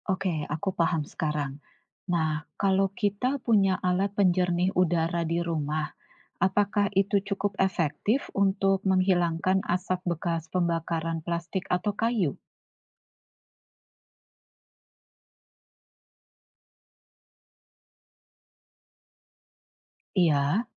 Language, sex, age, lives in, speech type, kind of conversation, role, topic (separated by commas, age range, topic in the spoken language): Indonesian, female, 40-44, Indonesia, read, expert-assistant, asker, Mengapa api bisa membakar benda?